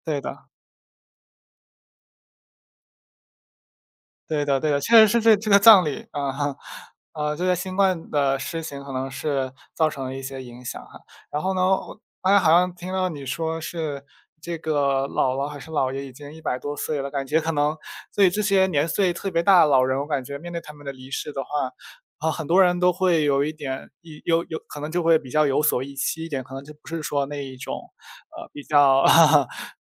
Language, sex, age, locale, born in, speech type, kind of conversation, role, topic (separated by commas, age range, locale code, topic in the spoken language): Chinese, male, 20-24, zh-CN, China, conversation, unstructured, none, 你觉得人们应该如何面对亲人的离世？